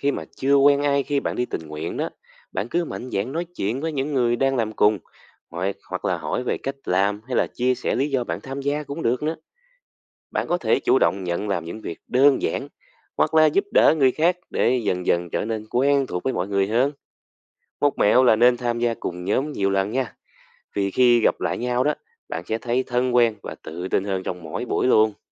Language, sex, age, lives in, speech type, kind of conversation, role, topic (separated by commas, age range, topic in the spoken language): Vietnamese, male, 20-24, Vietnam, read, expert-assistant, expert, Tại sao nên tham gia các hoạt động tình nguyện